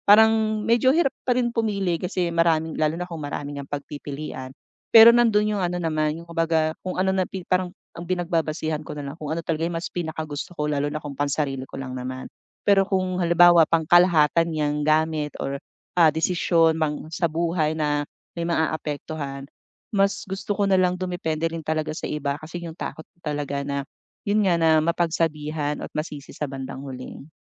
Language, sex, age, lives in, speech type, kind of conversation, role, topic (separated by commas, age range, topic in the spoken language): Filipino, female, 40-44, Philippines, conversation, advice, user, Paano ako makagagawa ng mabilis na desisyon kapag marami akong pagpipilian?